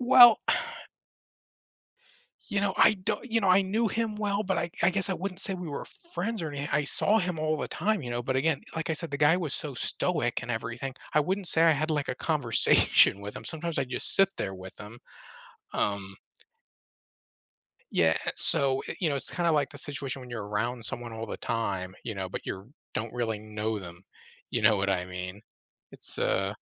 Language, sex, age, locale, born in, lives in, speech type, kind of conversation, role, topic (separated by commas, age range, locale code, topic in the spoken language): English, male, 35-39, en-US, United States, United States, conversation, advice, user, How can I repair things after a tense conversation with a friend?